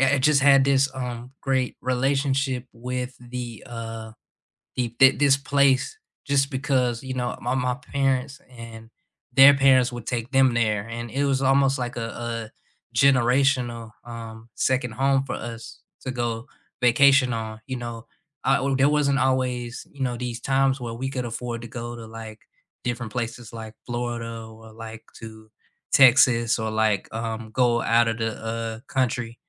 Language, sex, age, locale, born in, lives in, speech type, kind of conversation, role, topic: English, male, 25-29, en-US, United States, United States, conversation, unstructured, none, Which place feels like a second home to you, and what memories make it special?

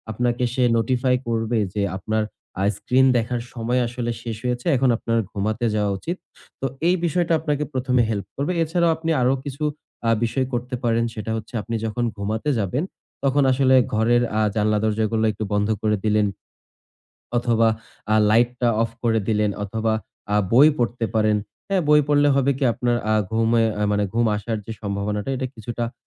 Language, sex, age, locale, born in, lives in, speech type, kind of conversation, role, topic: Bengali, male, 20-24, bn-BD, Bangladesh, Bangladesh, conversation, advice, advisor, বিছানার আগে স্ক্রিন দেখার কারণে আমার নিয়মিত ঘুমের ছন্দ ভেঙে যাচ্ছে—এটা ঠিক করতে আমি কী করতে পারি?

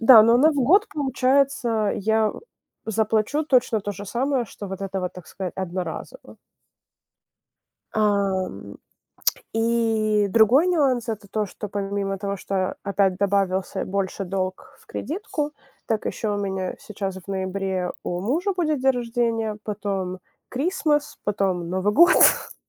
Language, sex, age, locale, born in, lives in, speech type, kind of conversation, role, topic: Russian, female, 25-29, ru-RU, Ukraine, United States, conversation, advice, user, Как справиться с растущими долгами по кредитным картам?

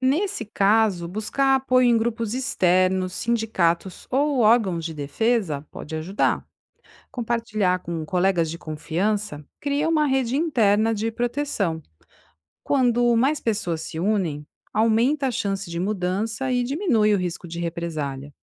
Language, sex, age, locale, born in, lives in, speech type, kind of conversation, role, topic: Portuguese, female, 45-49, pt-BR, Brazil, Italy, read, expert-assistant, expert, Quais estratégias posso adotar para lidar com preconceitos linguísticos no ambiente de trabalho ou social?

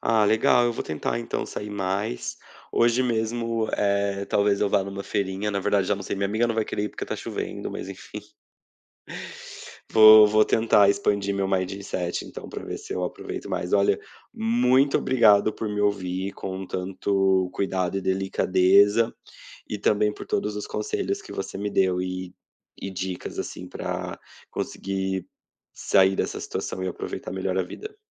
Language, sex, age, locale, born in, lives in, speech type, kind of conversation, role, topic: Portuguese, male, 30-34, pt-BR, Brazil, Portugal, conversation, advice, user, Por que me sinto cansado e sem vontade de aproveitar o lazer?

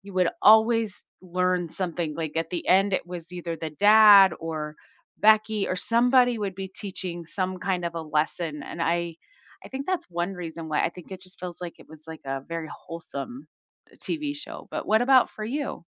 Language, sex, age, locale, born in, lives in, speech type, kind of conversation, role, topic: English, female, 45-49, en-US, United States, United States, conversation, unstructured, none, Which childhood shows still hold up for you today, and what memories or lessons keep them special?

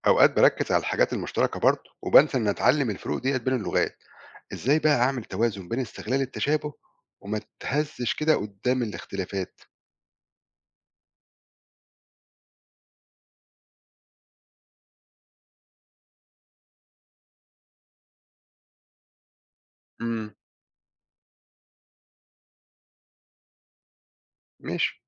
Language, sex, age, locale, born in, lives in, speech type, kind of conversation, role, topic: Arabic, male, 40-44, ar-EG, Egypt, Portugal, read, expert-assistant, asker, لو عاوز أبدأ أتعلم لغات جديدة وأفهم العلاقات بينهم، إيه النصايح العملية اللي ممكن تساعدني أركز على الحاجات المشتركة بدل ما أتلخبط؟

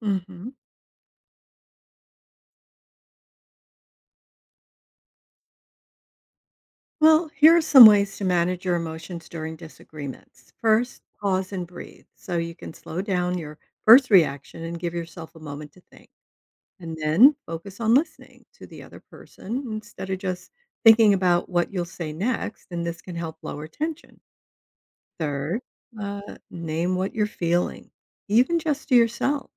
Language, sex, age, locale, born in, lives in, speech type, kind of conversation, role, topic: English, female, 70-74, en-US, United States, United States, read, expert-assistant, expert, What are some ways to manage your emotions during a disagreement?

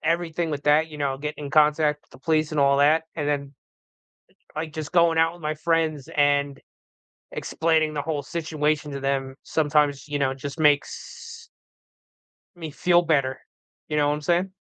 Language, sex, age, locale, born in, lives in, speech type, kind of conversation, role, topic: English, male, 40-44, en-US, United States, United States, conversation, unstructured, none, How do you recharge—solo time or social time?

